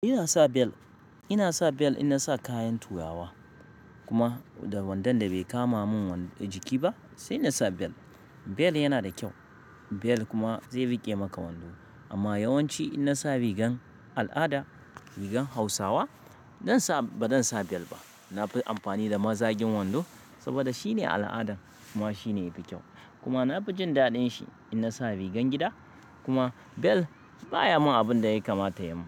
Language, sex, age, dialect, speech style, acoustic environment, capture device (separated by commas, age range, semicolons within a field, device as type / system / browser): Hausa, male, 35-44, Nigeria - Kano, anxious, silence, mobile / Linux / Chrome